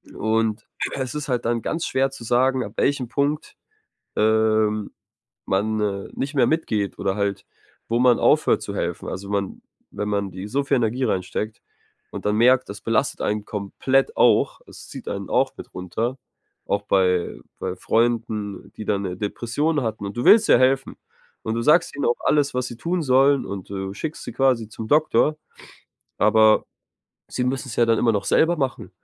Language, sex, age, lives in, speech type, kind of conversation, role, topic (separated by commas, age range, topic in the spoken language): German, male, 25-29, Germany, conversation, advice, user, Wie setze ich Grenzen, wenn ich Freunden helfen möchte?